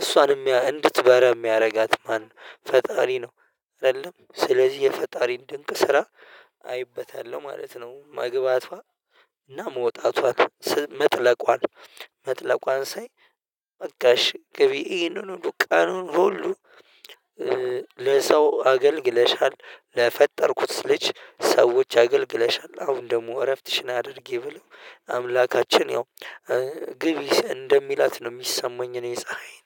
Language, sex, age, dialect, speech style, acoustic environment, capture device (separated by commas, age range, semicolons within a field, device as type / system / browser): Amharic, male, 25-34, Ethiopia - Addis Ababa, happy; relaxed, nature; silence, mobile / Linux / Chrome